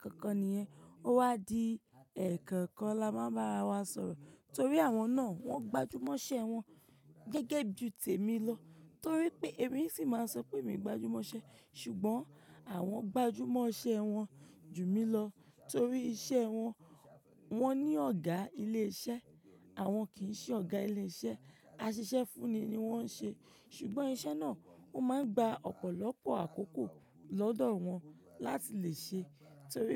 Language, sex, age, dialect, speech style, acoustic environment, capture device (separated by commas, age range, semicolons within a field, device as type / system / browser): Yoruba, female, 25-34, Nigeria - Ibadan, relaxed; focused, appliances; nature, mobile / macOS / Safari